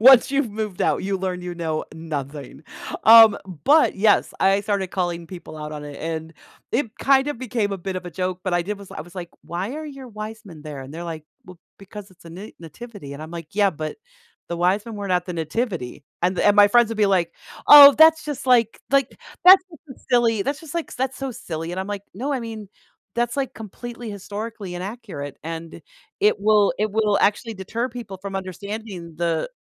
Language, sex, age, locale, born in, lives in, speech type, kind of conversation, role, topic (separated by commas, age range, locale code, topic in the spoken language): English, female, 55-59, en-US, United States, United States, conversation, podcast, guest, How have family or community traditions shaped who you are today?